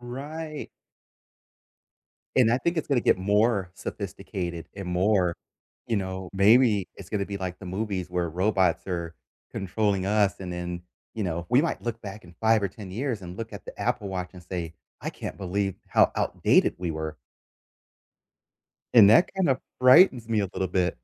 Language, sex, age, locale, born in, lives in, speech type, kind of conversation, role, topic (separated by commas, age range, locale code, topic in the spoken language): English, male, 45-49, en-US, United States, United States, conversation, unstructured, none, What tool or app has changed your workday recently?